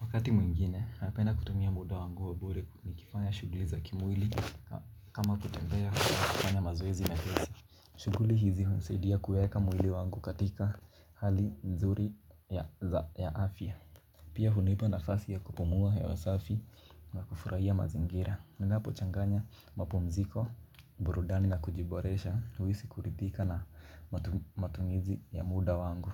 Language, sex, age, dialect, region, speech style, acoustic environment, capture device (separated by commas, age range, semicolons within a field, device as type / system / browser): Swahili, male, 18-24, Kenya - Mombasa, Kenya, focused, silence, mobile / Linux / Chrome